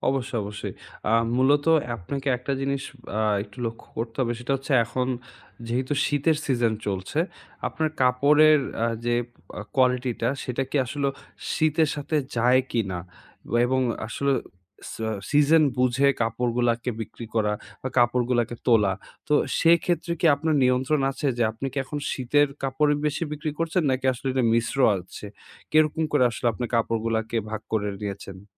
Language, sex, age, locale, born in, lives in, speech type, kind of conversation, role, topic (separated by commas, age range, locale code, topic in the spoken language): Bengali, male, 20-24, bn-BD, Bangladesh, Bangladesh, conversation, advice, advisor, কম খরচে দ্রুত নতুন গ্রাহক কীভাবে আনতে পারি?